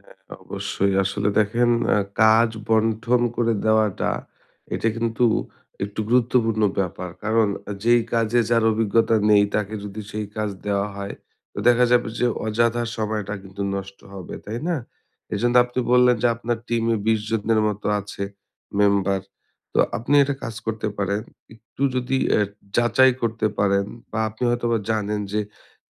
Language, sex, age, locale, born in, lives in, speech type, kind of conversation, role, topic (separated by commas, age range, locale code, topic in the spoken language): Bengali, male, 30-34, bn-BD, Bangladesh, Bangladesh, conversation, advice, advisor, কীভাবে কাজের দায়িত্ব অন্যদের মধ্যে কার্যকরভাবে ভাগ করতে পারি?